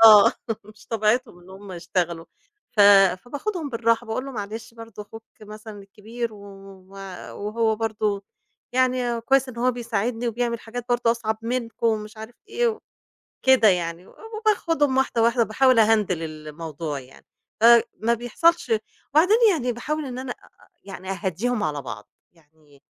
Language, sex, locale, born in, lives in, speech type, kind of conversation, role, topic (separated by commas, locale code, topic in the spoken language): Arabic, female, ar-EG, Egypt, Egypt, conversation, podcast, guest, إزاي بتوزّعوا المسؤوليات بين اللي عايشين في البيت؟